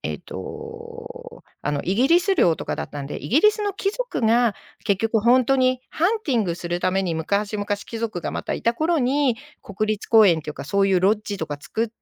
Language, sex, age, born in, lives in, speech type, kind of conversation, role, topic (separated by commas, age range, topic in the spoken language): Japanese, female, 55-59, Japan, Japan, conversation, unstructured, none, 旅先で食べた珍しい食べ物は何でしたか？